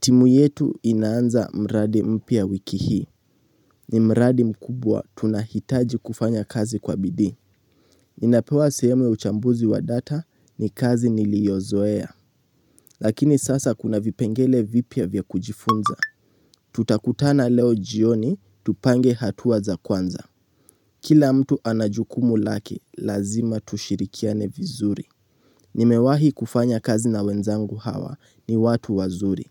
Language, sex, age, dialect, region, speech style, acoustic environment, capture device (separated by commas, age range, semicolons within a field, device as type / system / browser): Swahili, male, 18-24, Kenya - Nairobi Sheng-influenced, Kenya, relaxed, silence, mobile / Linux / Chrome